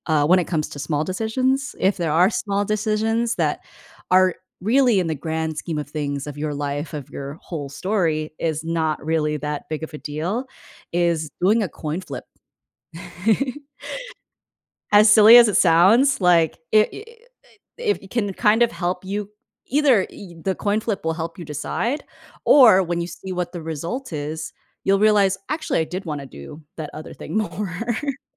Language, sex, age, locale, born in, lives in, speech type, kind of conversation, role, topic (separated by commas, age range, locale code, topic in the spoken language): English, female, 25-29, en-US, United States, United States, conversation, advice, advisor, How can I decide on a major choice when I'm feeling unsure?